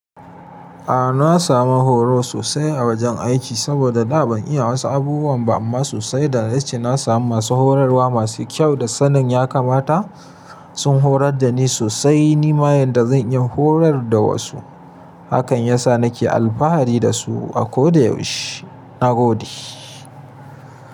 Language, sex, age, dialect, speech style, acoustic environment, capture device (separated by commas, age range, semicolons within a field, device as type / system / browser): Hausa, male, 25-34, Nigeria - Kano, happy, nature; silence, mobile / Linux / Chrome